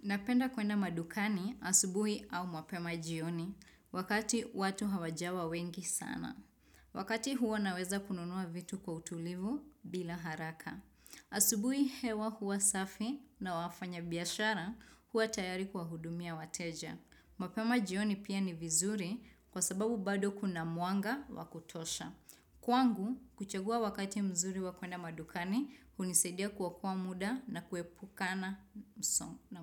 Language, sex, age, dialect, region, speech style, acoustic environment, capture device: Swahili, female, 25-34, Kenya - Nairobi Sheng-influenced, Kenya, happy; excited, nature, desktop / Windows / Chrome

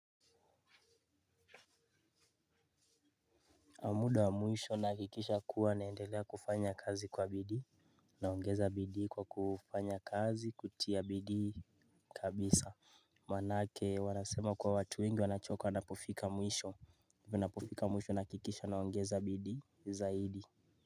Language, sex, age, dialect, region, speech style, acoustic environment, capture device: Swahili, male, 18-24, Kenya - Nairobi Sheng-influenced, Kenya, relaxed, nature, mobile / Linux / Chrome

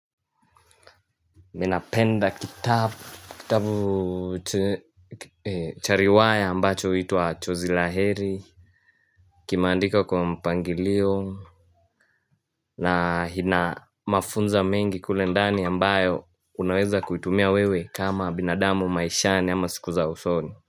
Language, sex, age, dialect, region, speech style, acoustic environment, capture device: Swahili, male, 18-24, Kenya - Mombasa, Kenya, happy; focused, silence; music, mobile / Linux / Chrome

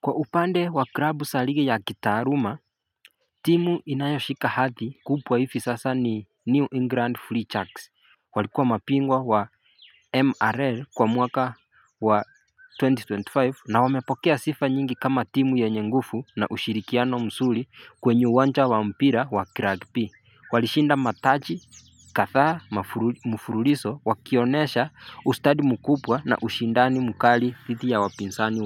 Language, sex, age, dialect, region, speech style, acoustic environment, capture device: Swahili, male, 25-34, Kenya - Mombasa, Kenya, excited, nature, mobile / Linux / Chrome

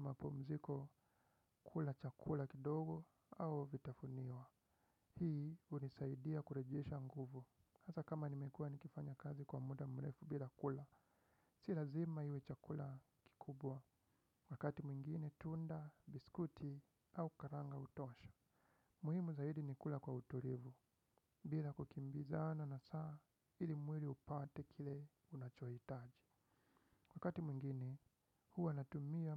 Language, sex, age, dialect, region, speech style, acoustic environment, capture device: Swahili, male, 25-34, Kenya - Nairobi Sheng-influenced, Kenya, relaxed, silence, desktop / Windows / Chrome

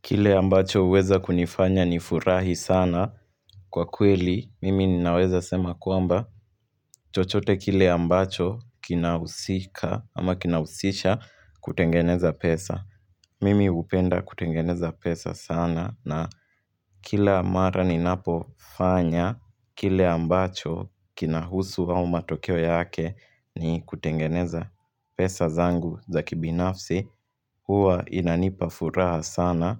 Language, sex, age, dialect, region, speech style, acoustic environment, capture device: Swahili, male, 18-24, Kenya - Nairobi Sheng-influenced, Kenya, happy; relaxed; focused, people talking; music; nature; traffic; construction; appliances, mobile / Linux / Chrome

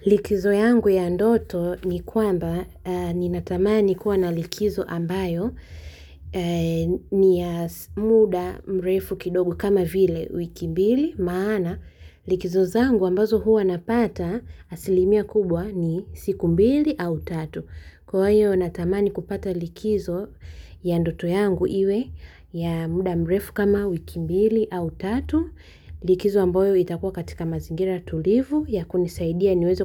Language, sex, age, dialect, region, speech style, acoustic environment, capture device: Swahili, female, 25-34, Tanzania - Dar es Salaam, Tanzania, happy; relaxed; focused, silence, mobile / Linux / Chrome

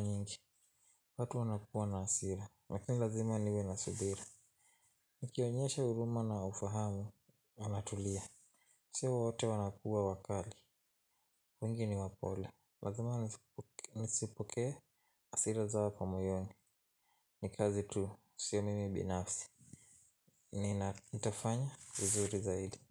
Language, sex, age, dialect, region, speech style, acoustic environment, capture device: Swahili, male, 18-24, Kenya - Nairobi Sheng-influenced, Kenya, tired, silence, mobile / Linux / Chrome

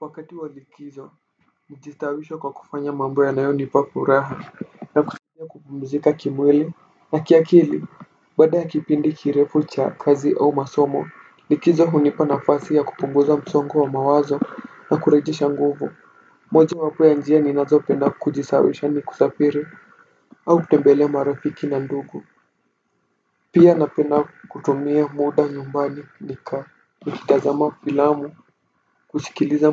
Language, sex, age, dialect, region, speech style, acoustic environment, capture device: Swahili, male, 18-24, Kenya - Nairobi Sheng-influenced, Kenya, relaxed, silence, desktop / Windows / Firefox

